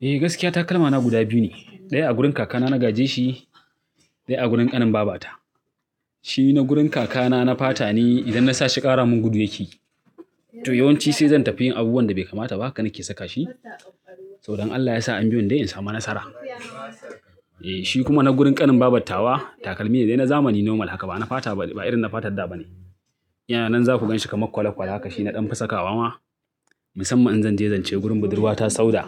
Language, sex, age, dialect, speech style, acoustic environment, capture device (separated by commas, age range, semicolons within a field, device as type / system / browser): Hausa, male, 35-44, Nigeria - Kano, happy, traffic, mobile / Linux / Chrome